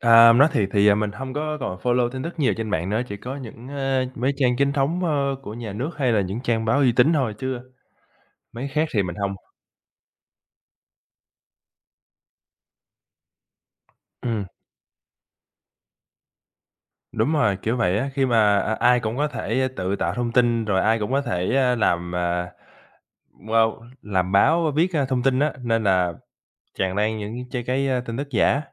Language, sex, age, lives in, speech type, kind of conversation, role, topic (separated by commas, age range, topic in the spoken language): Vietnamese, male, 25-29, United States, conversation, unstructured, none, Tại sao bạn nghĩ nhiều người không tin vào tin tức trên mạng?